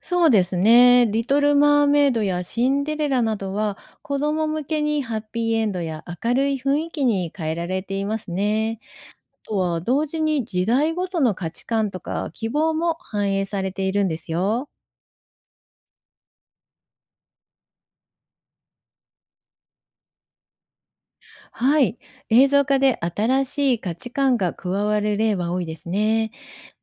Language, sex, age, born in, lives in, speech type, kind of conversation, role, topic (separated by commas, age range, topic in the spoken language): Japanese, female, 55-59, Japan, Japan, read, expert-assistant, expert, 有名な古典文学のエピソード、実際の本と違う形で広まっていることってありますか？